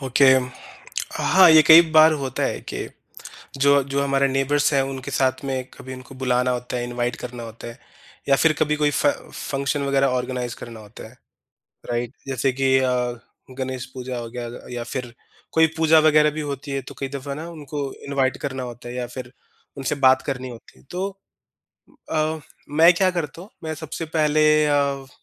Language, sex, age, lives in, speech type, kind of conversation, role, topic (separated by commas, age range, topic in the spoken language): Hindi, male, 30-34, India, conversation, podcast, guest, Neighbourhood mein logon ko jodne ke liye tum sabse pehla kaam kya karoge?